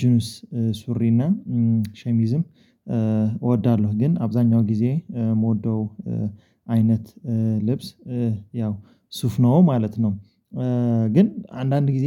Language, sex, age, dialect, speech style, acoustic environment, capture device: Amharic, male, 25-34, Ethiopia - Addis Ababa, focused, silence, mobile / Linux / Chrome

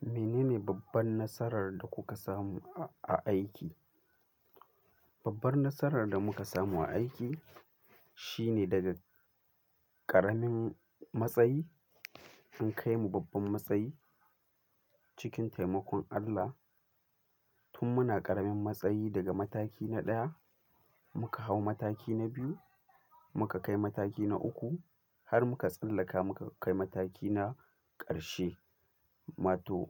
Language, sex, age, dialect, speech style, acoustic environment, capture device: Hausa, male, 18-24, Nigeria - Kano, focused, silence, mobile / Linux / Chrome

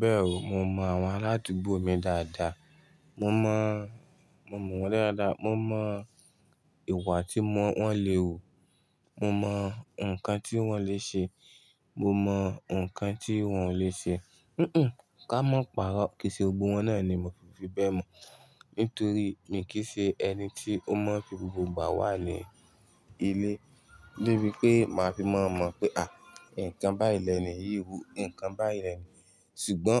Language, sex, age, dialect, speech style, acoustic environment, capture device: Yoruba, male, 25-34, Nigeria - Ibadan, happy, silence, desktop / Linux / Chrome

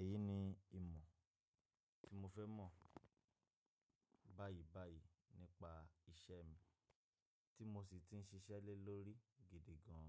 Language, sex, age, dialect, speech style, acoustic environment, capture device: Yoruba, male, 25-34, Nigeria - Nigerian Standard, happy, nature, mobile / Linux / Chrome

